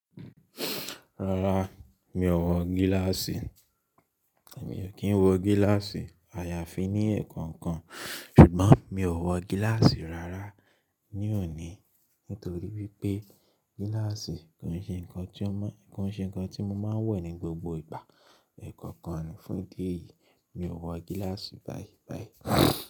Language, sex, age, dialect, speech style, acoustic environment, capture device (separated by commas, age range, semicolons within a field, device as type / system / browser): Yoruba, male, 25-34, Nigeria - Lagos Yoruba, happy; focused, silence, mobile / Linux / Chrome